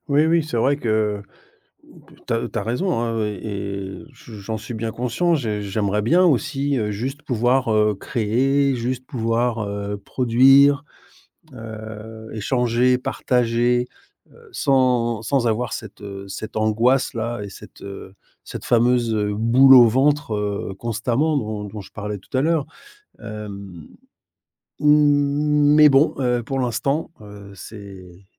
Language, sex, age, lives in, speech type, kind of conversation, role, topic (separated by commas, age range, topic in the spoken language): French, male, 50-54, Spain, conversation, advice, user, Avez-vous peur que votre travail soit jugé ou rejeté ?